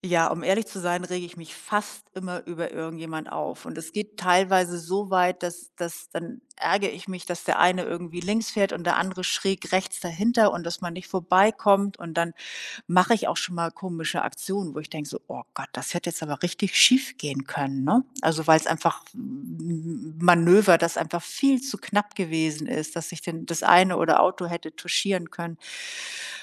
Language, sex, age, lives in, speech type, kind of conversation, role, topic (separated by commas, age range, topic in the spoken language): German, female, 60-64, Germany, conversation, advice, user, Wie erlebst du deine Wut im Straßenverkehr, und wovor hast du Angst, wenn du impulsiv wirst?